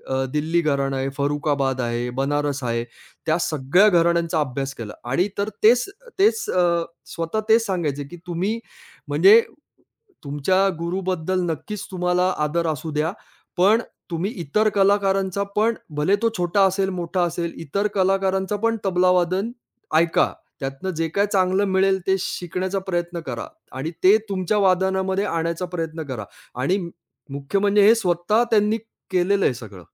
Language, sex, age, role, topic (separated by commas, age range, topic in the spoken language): Marathi, male, 45-49, guest, कोणत्या कलाकाराने तुम्हाला प्रेरणा दिली आहे?